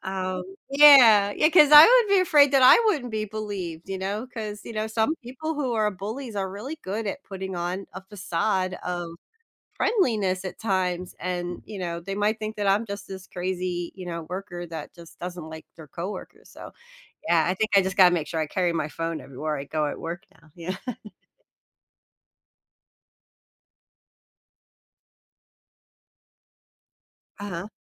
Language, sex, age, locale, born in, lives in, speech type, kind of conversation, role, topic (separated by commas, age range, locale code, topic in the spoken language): English, female, 45-49, en-US, United States, United States, conversation, advice, user, How should I respond when I witness someone being bullied?